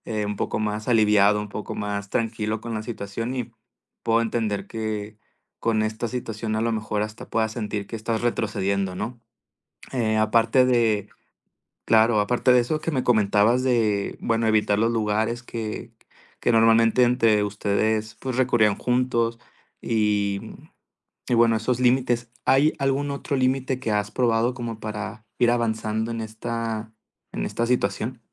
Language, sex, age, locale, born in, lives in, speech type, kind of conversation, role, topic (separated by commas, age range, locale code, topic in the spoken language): Spanish, male, 20-24, es-MX, Mexico, Mexico, conversation, advice, advisor, ¿Cómo puedo mantener una amistad con mi ex mientras intento sanar?